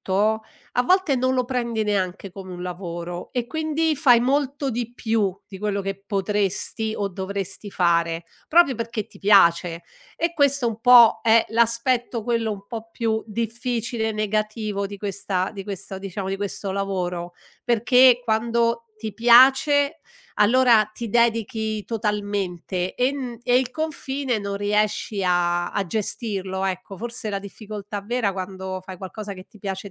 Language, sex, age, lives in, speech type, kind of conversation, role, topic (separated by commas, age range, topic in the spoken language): Italian, female, 60-64, Italy, conversation, podcast, guest, Come gestisci la situazione quando il lavoro invade la tua vita privata?